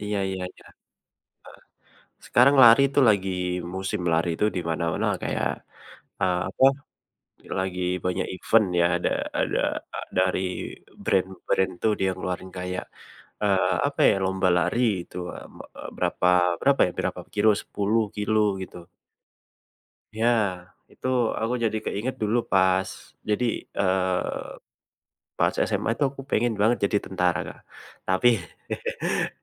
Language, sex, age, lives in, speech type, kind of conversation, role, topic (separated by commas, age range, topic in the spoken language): Indonesian, male, 25-29, Indonesia, conversation, unstructured, none, Apa yang kamu rasakan saat berhasil mencapai target olahraga?